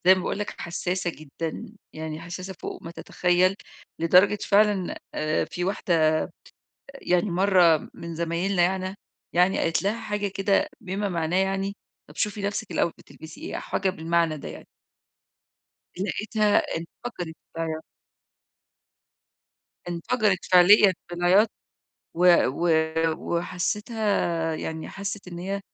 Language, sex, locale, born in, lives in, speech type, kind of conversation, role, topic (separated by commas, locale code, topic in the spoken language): Arabic, female, ar-EG, Egypt, Egypt, conversation, advice, user, إزاي أقدر أقدّم نقد بنّاء لشخص مهم بالنسبالي من غير ما أجرح مشاعره أو أأثر على علاقتنا؟